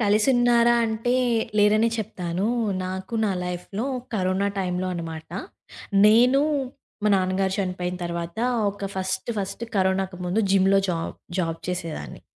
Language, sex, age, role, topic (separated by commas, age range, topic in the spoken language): Telugu, female, 20-24, guest, స్నేహం అంటే మీకు ఏమిటి?